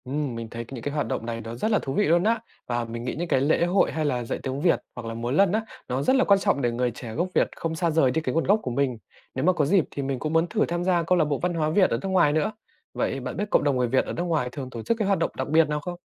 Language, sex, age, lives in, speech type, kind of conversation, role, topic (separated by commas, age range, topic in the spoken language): Vietnamese, male, 20-24, Vietnam, read, expert-assistant, asker, Bạn có thể đưa ra một ví dụ thực tế về cách toàn cầu hóa ảnh hưởng đến ẩm thực truyền thống Việt Nam không?